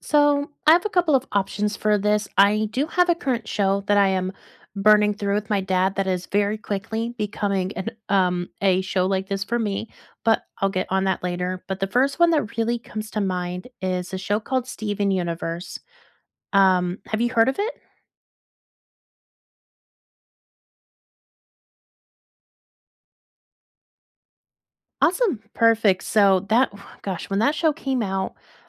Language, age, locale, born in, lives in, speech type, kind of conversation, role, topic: English, 25-29, en-US, United States, United States, conversation, unstructured, none, What show or movie do you never get tired of rewatching, and why does it feel like home?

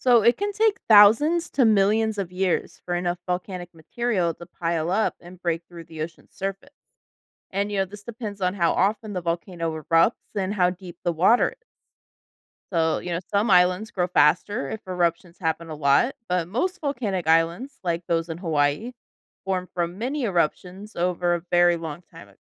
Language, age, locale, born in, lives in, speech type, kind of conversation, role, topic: English, 30-34, en-US, United States, United States, read, expert-assistant, expert, How do volcanoes form islands in the ocean?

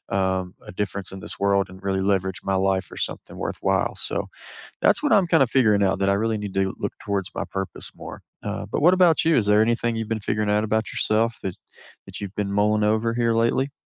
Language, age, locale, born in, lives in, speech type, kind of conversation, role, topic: English, 30-34, en-US, United States, United States, conversation, unstructured, none, What are you discovering about yourself this year, and how can we support each other?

